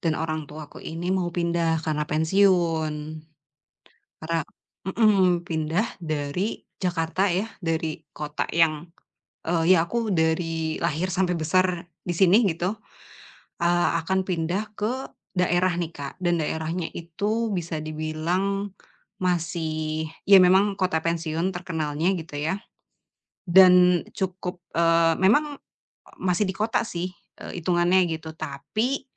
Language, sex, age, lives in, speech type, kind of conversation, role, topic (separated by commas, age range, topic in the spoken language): Indonesian, female, 30-34, Indonesia, conversation, advice, user, Bagaimana cara mengelola emosi selama proses transisi saat pindah ke tempat baru?